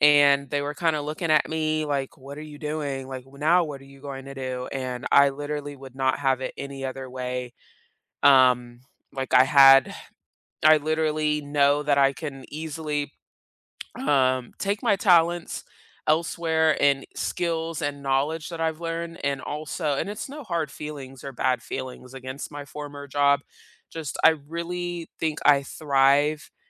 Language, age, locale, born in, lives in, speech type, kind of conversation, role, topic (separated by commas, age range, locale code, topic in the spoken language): English, 30-34, en-US, South Korea, United States, conversation, unstructured, none, Beyond salary, which benefits truly matter to you, and what experiences shaped those priorities?